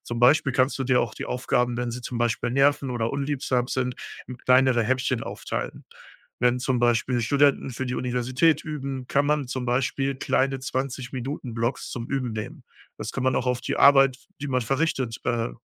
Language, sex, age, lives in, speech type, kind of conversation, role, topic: German, male, 35-39, Germany, conversation, advice, advisor, Warum schiebst du wichtige, anspruchsvolle Aufgaben immer wieder auf?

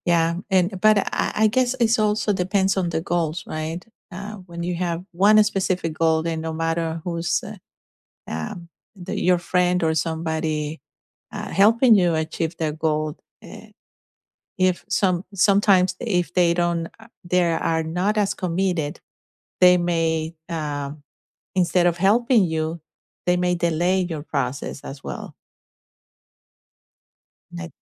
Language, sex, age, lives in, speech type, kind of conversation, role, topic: English, female, 50-54, United States, conversation, unstructured, none, Do you prefer working out alone or doing group activities, and why does that choice suit you?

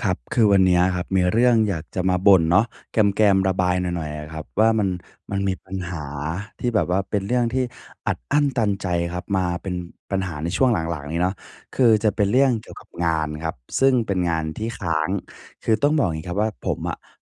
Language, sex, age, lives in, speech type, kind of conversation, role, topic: Thai, male, 20-24, Thailand, conversation, advice, user, ฉันควรเริ่มทำงานเก่าที่ค้างไว้อย่างไรจึงจะทำให้เสร็จได้?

